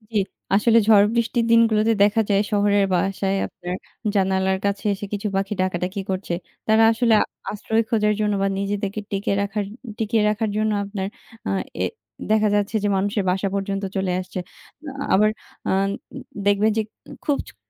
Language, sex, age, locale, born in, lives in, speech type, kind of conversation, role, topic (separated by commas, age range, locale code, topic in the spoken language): Bengali, female, 20-24, bn-BD, Bangladesh, Bangladesh, conversation, unstructured, none, পাখিদের বাসস্থান ধ্বংস হওয়ার কথা ভাবলে আপনার কেমন লাগে?